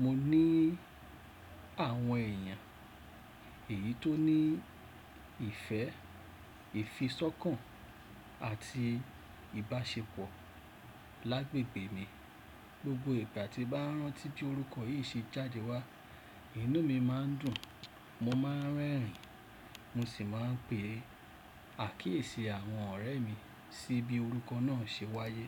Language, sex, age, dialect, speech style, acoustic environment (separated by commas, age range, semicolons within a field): Yoruba, male, 35-44, Nigeria - Lagos Yoruba, happy, silence